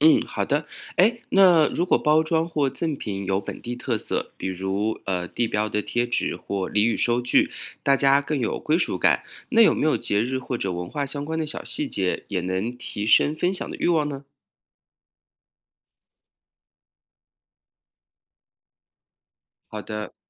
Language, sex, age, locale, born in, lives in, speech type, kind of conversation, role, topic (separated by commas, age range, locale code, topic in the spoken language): Chinese, male, 35-39, zh-CN, China, United States, read, expert-assistant, asker, 如果你拥有一家小店，有哪些有创意的方法可以吸引顾客来消费？